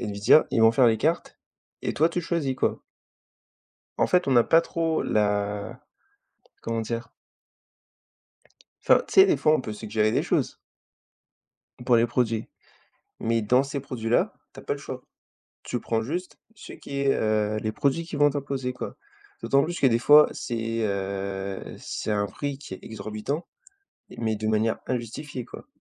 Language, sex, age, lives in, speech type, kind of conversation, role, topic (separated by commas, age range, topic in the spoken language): French, male, 20-24, France, conversation, unstructured, none, Les entreprises technologiques contrôlent-elles trop nos vies ?